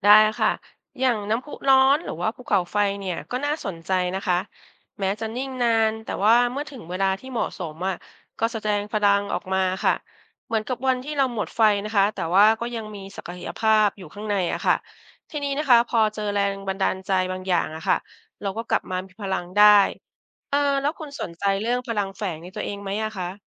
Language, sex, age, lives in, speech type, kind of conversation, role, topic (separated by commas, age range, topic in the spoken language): Thai, female, 50-54, Thailand, read, expert-assistant, expert, เราจะเรียนรู้อะไรจากธรรมชาติและธรณีวิทยาเพื่อพัฒนาตัวเองได้บ้าง?